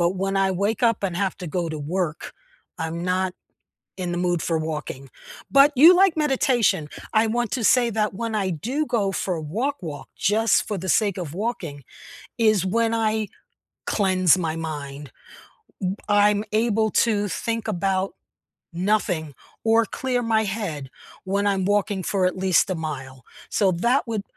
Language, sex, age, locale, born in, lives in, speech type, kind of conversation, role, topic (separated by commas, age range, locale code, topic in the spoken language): English, female, 70-74, en-US, United States, United States, conversation, unstructured, none, What small daily habits instantly lift your mood?